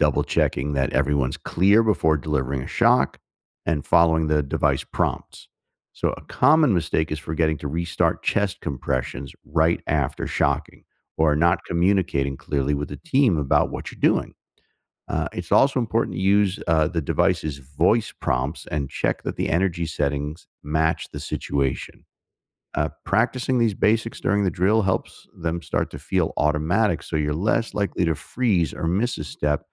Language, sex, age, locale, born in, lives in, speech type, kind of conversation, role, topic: English, male, 55-59, en-US, United States, United States, read, expert-assistant, expert, What are the best strategies for quickly mastering new clinical skills in medicine?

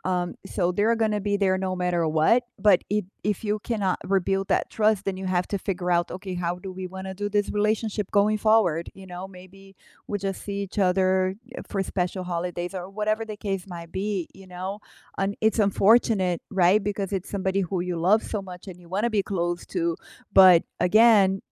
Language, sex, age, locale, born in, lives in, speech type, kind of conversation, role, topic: English, female, 45-49, en-BR, Brazil, United States, conversation, unstructured, none, What role does trust play in family and friendships?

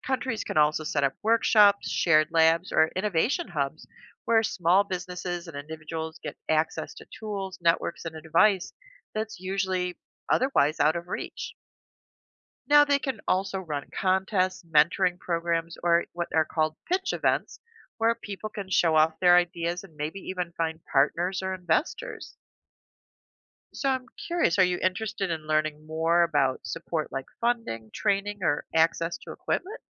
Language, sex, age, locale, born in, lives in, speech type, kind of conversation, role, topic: English, female, 55-59, en-US, United States, United States, read, expert-assistant, expert, How does innovation drive economic growth?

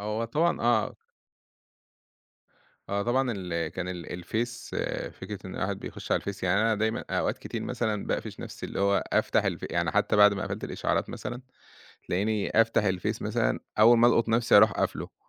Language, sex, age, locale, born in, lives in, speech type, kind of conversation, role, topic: Arabic, male, 30-34, ar-EG, Egypt, Egypt, conversation, podcast, guest, إزاي بتتعامل مع المشتّتات الرقمية وإنت شغال؟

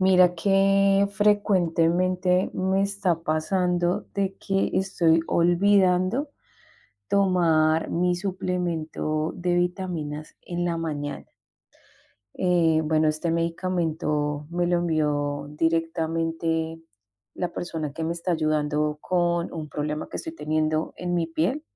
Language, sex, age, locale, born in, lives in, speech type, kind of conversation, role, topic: Spanish, female, 40-44, es-CO, Colombia, Spain, conversation, advice, user, ¿Cómo puedo acordarme de tomar mi medicación o mis suplementos todos los días?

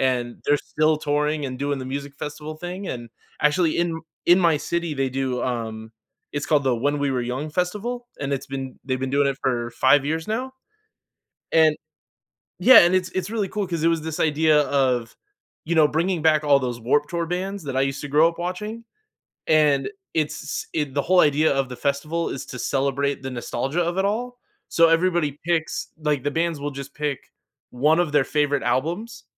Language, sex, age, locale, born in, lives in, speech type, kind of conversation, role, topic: English, male, 35-39, en-US, United States, United States, conversation, podcast, guest, What role do festivals play in building stronger community connections?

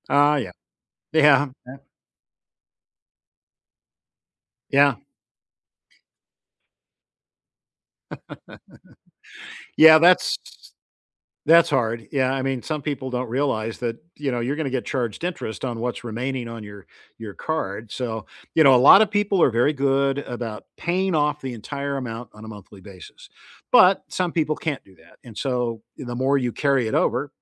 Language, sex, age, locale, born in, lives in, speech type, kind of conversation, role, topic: English, male, 70-74, en-US, United States, United States, conversation, unstructured, none, What is a smart way to save money regularly?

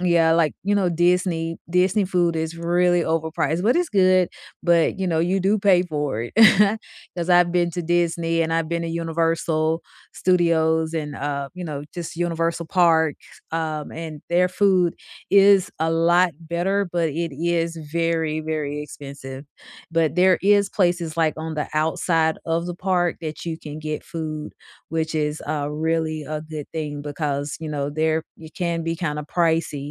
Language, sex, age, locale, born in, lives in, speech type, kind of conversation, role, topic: English, female, 45-49, en-US, United States, United States, conversation, unstructured, none, Which weekend day trip within two hours would you recommend we take together, and why?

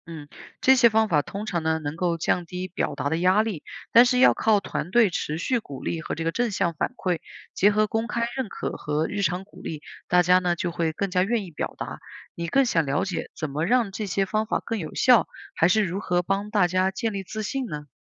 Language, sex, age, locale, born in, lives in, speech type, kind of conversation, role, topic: Chinese, female, 30-34, zh-CN, China, United States, read, expert-assistant, expert, 为什么有些地方的人喜欢一起做事情，而有些地方的人更喜欢自己做决定？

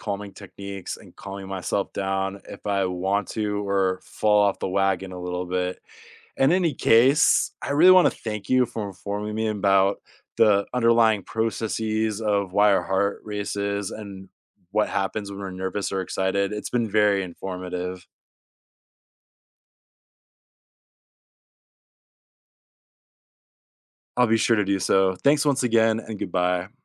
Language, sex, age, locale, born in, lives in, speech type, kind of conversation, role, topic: English, male, 30-34, en-US, United States, United States, read, expert-assistant, asker, Why does the heart race when someone is nervous or excited?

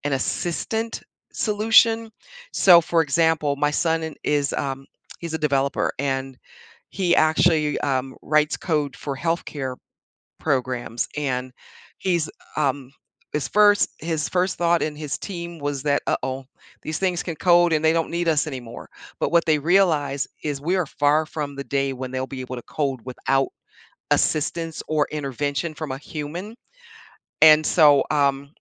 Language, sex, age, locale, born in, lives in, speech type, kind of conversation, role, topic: English, female, 60-64, en-US, United States, United States, conversation, podcast, guest, What inspires you to stay passionate about your work?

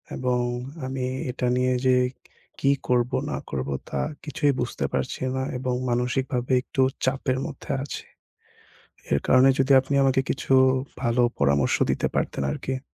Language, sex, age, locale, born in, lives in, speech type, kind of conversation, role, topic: Bengali, male, 20-24, bn-BD, Bangladesh, Bangladesh, conversation, advice, user, ভয় কাটিয়ে কীভাবে আমি আমার সঙ্গীর সঙ্গে বিশ্বাস গড়ে তুলে খোলামেলাভাবে নিজের অনুভূতি জানাতে পারি?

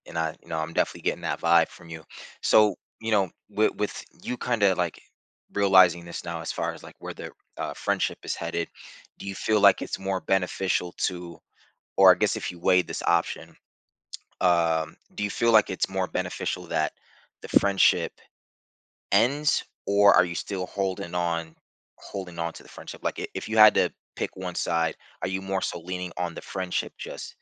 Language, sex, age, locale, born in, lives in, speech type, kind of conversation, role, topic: English, male, 30-34, en-US, United States, United States, conversation, advice, advisor, How can I address tension with a close friend?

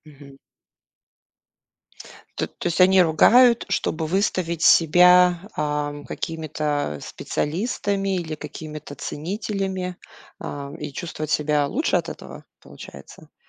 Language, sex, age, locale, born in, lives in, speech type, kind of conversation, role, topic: Russian, female, 40-44, ru-RU, Russia, United States, conversation, podcast, host, Как соцсети изменили способ обсуждать фильмы и музыку?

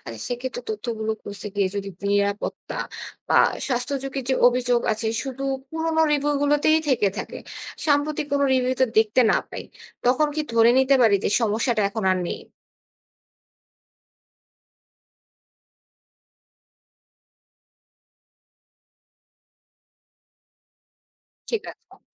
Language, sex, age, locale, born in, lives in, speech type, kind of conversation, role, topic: Bengali, female, 25-29, bn-BD, Bangladesh, Bangladesh, read, expert-assistant, asker, একই হোটেলের সম্পর্কে অনলাইন রিভিউগুলোতে বিপরীতমুখী মতামত দেখা যায়—কেউ বলে অসাধারণ, কেউ বলে বাজে। আসলে কোন তথ্যগুলো দেখে একজন পর্যটক ঠিক সিদ্ধান্ত নেবেন?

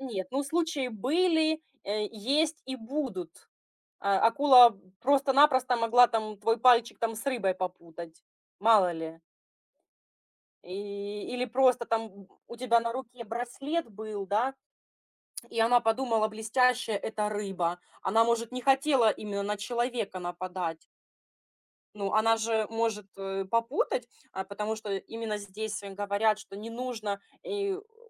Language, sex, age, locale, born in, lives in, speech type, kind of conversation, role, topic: Russian, female, 30-34, ru-RU, Ukraine, Spain, conversation, podcast, guest, Какие мелочи природы приносят тебе простую радость?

